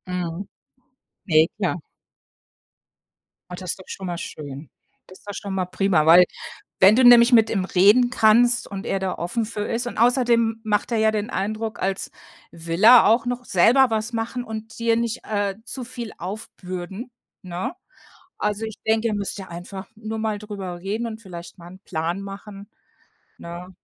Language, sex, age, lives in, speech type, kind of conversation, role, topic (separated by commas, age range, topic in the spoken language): German, female, 55-59, United States, conversation, advice, advisor, Wie beeinträchtigt die Pflege eines älteren Familienmitglieds deine eigene Lebensgestaltung?